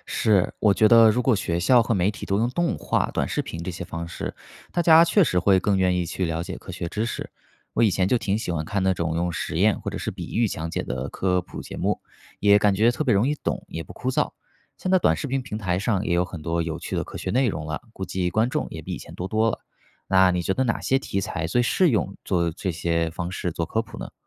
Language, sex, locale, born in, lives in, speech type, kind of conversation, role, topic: Chinese, male, zh-CN, China, United States, read, expert-assistant, asker, 人类真的登上过月球吗？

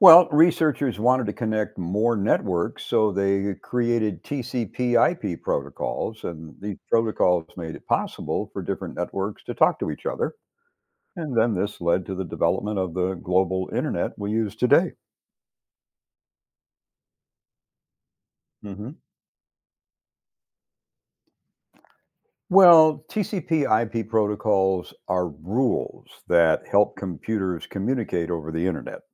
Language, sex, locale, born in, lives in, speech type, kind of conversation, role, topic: English, male, en-US, United States, United States, read, expert-assistant, expert, What are the origins of the internet and its evolution?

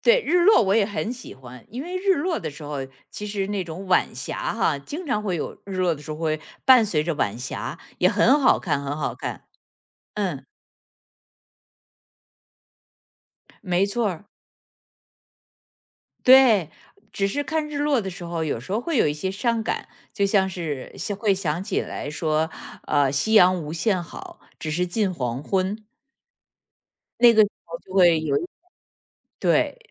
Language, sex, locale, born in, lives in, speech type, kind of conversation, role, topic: Chinese, female, zh-CN, China, United States, conversation, podcast, guest, 你印象中最美的日出是怎样的？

